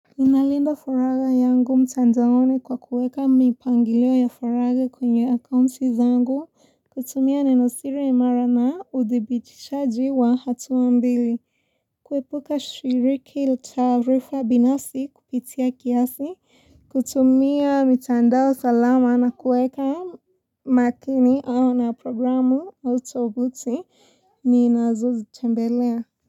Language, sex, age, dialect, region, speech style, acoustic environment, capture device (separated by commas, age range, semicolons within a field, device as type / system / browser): Swahili, female, 18-24, Kenya - Mombasa, Kenya, relaxed, people talking; silence, mobile / Linux / Chrome